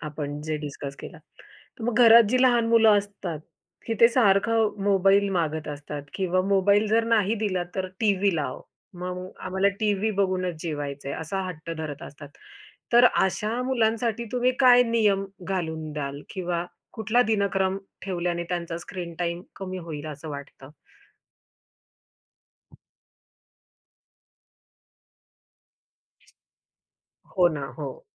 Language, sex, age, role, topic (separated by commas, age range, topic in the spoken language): Marathi, female, 30-34, host, स्क्रीन टाइम कमी करण्यासाठी कोणते सोपे उपाय करता येतील?